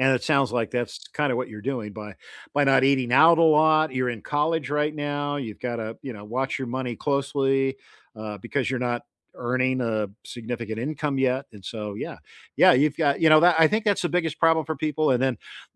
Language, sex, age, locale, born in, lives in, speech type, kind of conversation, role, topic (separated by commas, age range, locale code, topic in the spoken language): English, male, 70-74, en-US, United States, United States, conversation, unstructured, none, What is a smart way to save money regularly?